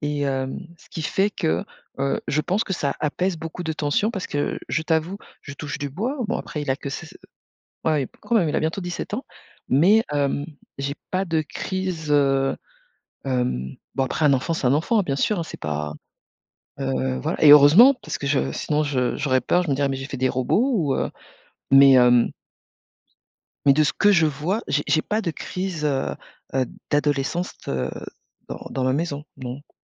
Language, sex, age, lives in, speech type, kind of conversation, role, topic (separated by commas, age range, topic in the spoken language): French, female, 45-49, France, conversation, podcast, guest, Comment utilises-tu Internet pour t’autoformer ?